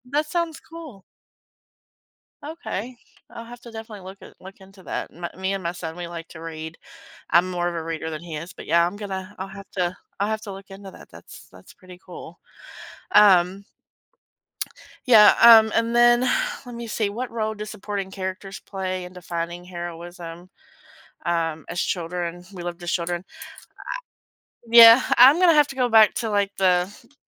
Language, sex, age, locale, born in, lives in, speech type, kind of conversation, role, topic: English, female, 40-44, en-US, United States, United States, conversation, unstructured, none, How do the stories we loved as children shape our ideas about what it means to be a hero?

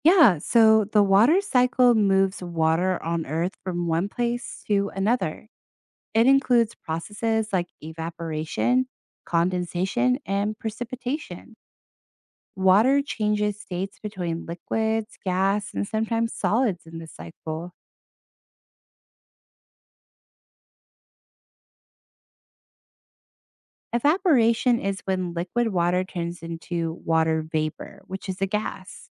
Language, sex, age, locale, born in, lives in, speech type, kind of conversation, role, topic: English, female, 30-34, en-US, United States, United States, read, expert-assistant, expert, How does the water cycle work?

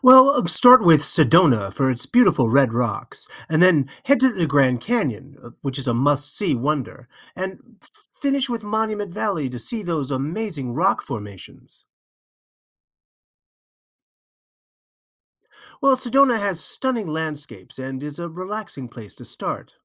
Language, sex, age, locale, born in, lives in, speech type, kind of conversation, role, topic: English, male, 55-59, en-US, United States, United States, read, expert-assistant, expert, What are the best stops on a 3-day Arizona road trip?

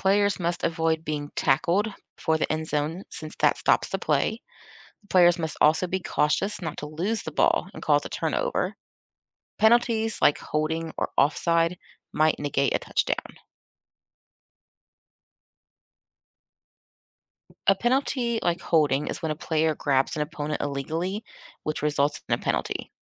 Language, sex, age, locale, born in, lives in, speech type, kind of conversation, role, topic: English, female, 40-44, en-US, United States, United States, read, expert-assistant, expert, Explain how a touchdown is scored in American football.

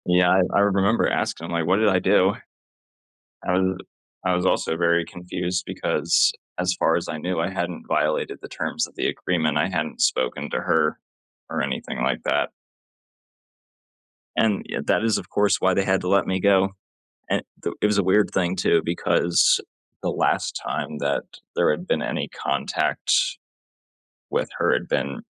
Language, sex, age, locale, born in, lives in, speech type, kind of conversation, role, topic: English, male, 30-34, en-US, United States, United States, conversation, unstructured, none, How do experiences with unfair punishment shape our sense of justice?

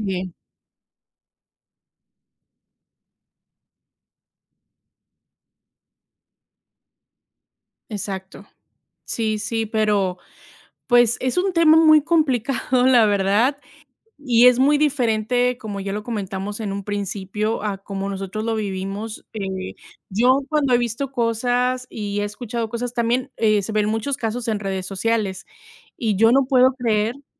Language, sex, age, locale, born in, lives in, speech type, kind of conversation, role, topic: Spanish, female, 30-34, es-MX, Mexico, United States, conversation, unstructured, none, ¿Por qué hay tantos problemas de acoso escolar en las escuelas?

